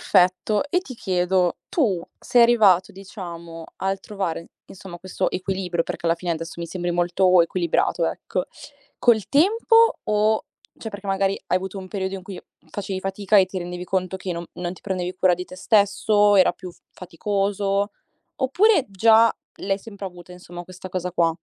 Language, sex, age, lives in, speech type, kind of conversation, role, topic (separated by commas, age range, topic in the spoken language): Italian, female, 20-24, Italy, conversation, podcast, host, Qual è il tuo metodo per proteggere il tuo tempo libero?